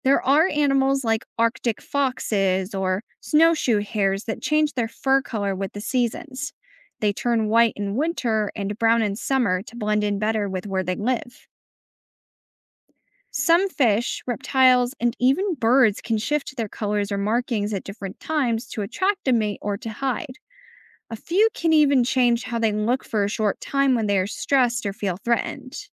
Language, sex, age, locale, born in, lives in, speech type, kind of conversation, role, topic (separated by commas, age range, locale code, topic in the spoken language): English, female, 25-29, en-US, United States, United States, read, expert-assistant, expert, How do animals use colors and patterns to survive?